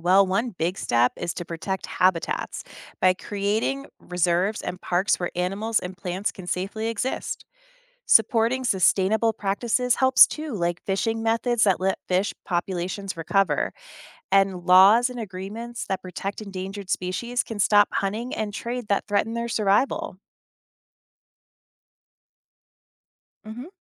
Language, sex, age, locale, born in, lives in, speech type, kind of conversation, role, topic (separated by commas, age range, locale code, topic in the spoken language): English, female, 35-39, en-US, United States, United States, read, expert-assistant, expert, What are the causes of extinction and how can it be prevented?